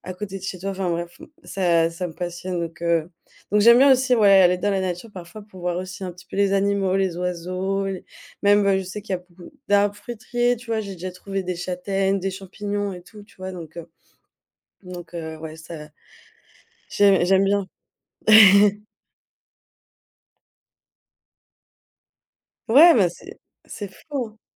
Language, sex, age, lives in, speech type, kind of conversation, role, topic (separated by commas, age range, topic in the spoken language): French, female, 25-29, Germany, conversation, podcast, guest, Comment un coin de nature en ville t’inspire-t-il ?